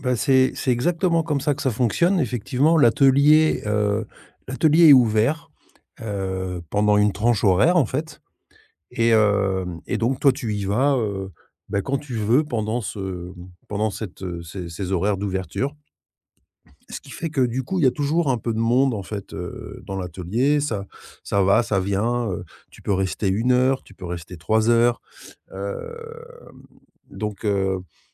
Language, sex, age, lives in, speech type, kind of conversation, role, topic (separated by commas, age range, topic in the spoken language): French, male, 50-54, Spain, conversation, advice, user, Comment puis-je surmonter la peur d’essayer un nouveau passe-temps par crainte de ne pas être à la hauteur ?